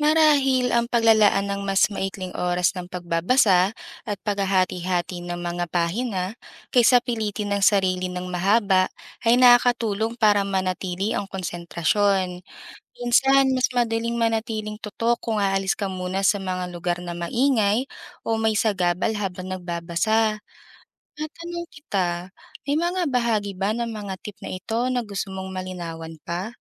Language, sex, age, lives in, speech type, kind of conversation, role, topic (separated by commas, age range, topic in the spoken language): Filipino, female, 25-29, Philippines, read, expert-assistant, expert, Paano nakakaapekto ang pagbabasa ng libro sa pag-unlad ng isipan?